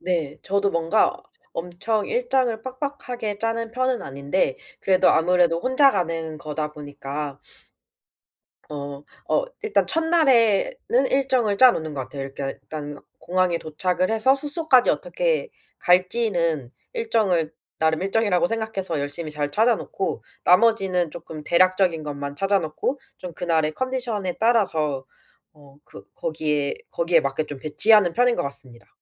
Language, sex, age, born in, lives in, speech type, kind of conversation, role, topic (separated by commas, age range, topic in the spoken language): Korean, female, 25-29, South Korea, South Korea, conversation, podcast, guest, 혼자 여행할 때는 보통 어떻게 계획을 세우는 편이야?